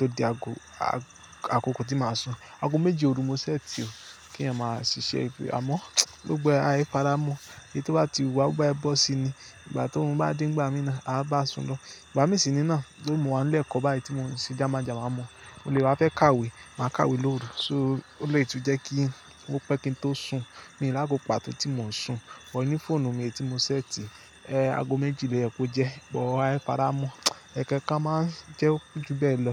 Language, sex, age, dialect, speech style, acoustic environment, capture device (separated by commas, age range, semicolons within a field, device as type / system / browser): Yoruba, male, 25-34, Nigeria - Lagos Yoruba, happy, nature; silence, mobile / Linux / Chrome